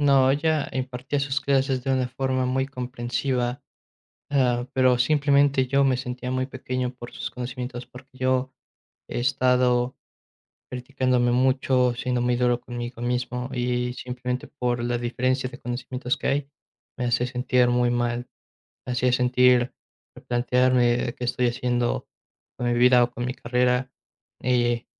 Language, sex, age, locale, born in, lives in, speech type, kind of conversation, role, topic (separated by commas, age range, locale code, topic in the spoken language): Spanish, male, 20-24, es-MX, Mexico, Mexico, conversation, podcast, guest, ¿Qué maestro te marcó y por qué?